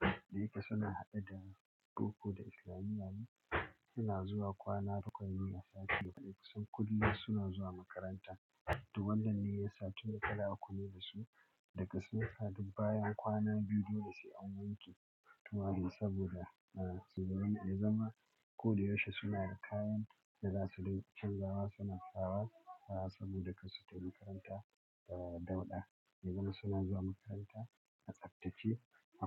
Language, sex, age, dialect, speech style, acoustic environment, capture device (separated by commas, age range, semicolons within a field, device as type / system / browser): Hausa, male, 35-44, Nigeria - Kano, relaxed; happy, silence, mobile / Linux / Chrome